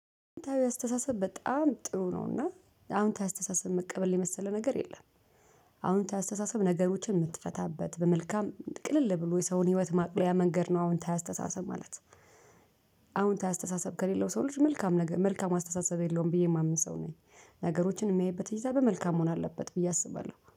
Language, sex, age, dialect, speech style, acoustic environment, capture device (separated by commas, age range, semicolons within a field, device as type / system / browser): Amharic, female, 35-44, Ethiopia - Addis Ababa, happy, people talking; music; construction, mobile / Linux / Chrome